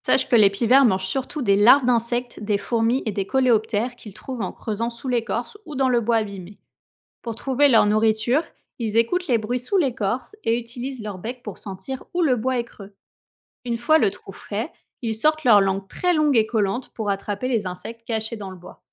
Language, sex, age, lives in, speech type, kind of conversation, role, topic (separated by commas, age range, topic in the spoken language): French, female, 30-34, France, read, expert-assistant, expert, Pourquoi les piverts frappent-ils les arbres avec leur bec ?